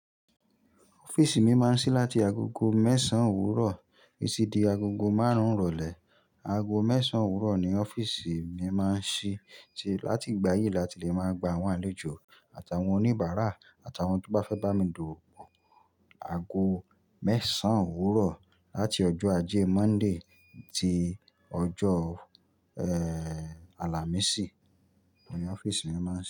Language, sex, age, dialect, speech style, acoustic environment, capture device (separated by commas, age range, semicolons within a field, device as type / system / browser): Yoruba, male, 25-34, Nigeria - Nigerian Standard, happy; excited; focused, nature, mobile / Linux / Chrome